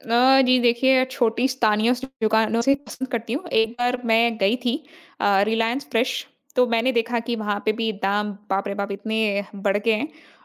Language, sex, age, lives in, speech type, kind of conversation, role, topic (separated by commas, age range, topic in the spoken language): Hindi, female, 25-29, India, conversation, podcast, guest, आप ताज़ी सब्ज़ियाँ कहाँ से लेते हैं?